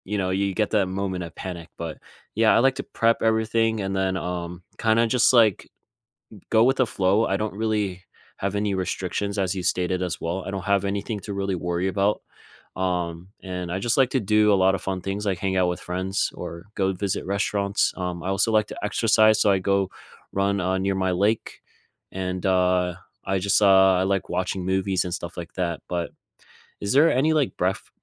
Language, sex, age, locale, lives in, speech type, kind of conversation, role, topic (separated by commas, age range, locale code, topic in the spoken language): English, male, 20-24, en-US, United States, conversation, unstructured, none, What does your ideal slow weekend look like, and what small rituals could we swap to recharge?